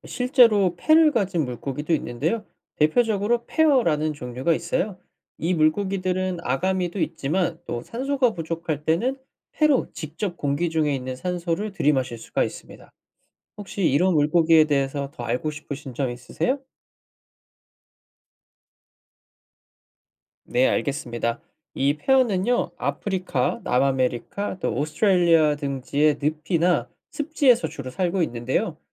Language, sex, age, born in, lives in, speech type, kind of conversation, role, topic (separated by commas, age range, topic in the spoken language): Korean, male, 25-29, South Korea, United States, read, expert-assistant, expert, 왜 물고기는 아가미로 숨을 쉬나요?